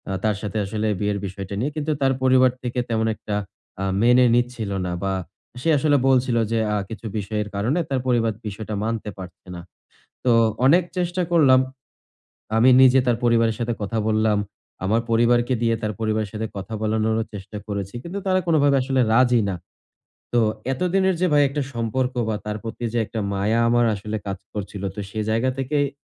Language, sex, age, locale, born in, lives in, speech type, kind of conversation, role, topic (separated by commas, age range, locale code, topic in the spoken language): Bengali, male, 20-24, bn-BD, Bangladesh, Bangladesh, conversation, advice, user, আমি কীভাবে ঘনিষ্ঠ সম্পর্ক হারানোর শোক সামলাতে পারি?